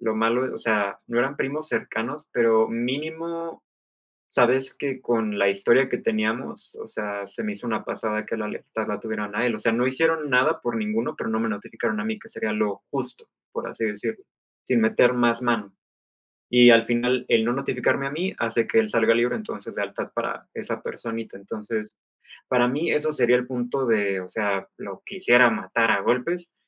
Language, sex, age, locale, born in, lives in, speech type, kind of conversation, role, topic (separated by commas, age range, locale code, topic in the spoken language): Spanish, male, 25-29, es-MX, Mexico, Mexico, conversation, unstructured, none, ¿Hasta qué punto perdonas a un amigo que te ha traicionado?